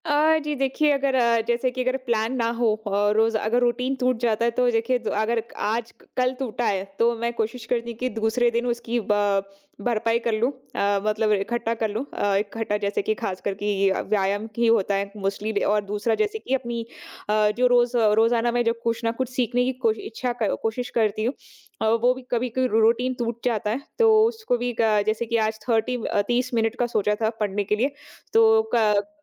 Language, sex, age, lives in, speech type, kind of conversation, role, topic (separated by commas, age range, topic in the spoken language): Hindi, female, 25-29, India, conversation, podcast, guest, घर से काम करते समय आप अपने दिन की योजना कैसे बनाते हैं?